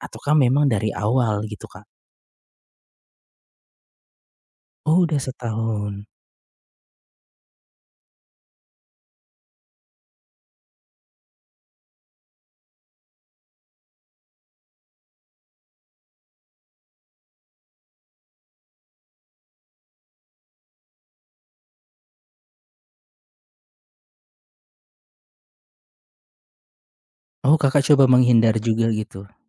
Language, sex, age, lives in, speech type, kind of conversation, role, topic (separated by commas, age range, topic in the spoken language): Indonesian, male, 35-39, Indonesia, conversation, advice, advisor, Bagaimana cara memutuskan hubungan ketika saya sudah tidak bahagia, tetapi merasa sangat berat untuk mengatakan putus?